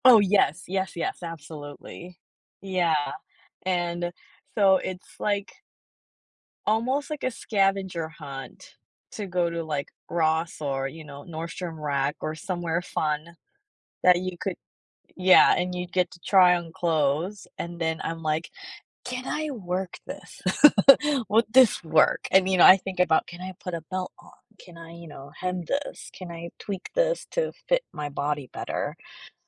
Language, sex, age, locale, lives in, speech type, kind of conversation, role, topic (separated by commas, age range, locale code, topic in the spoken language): English, female, 35-39, en-US, United States, conversation, podcast, guest, What story does your favorite piece of clothing tell?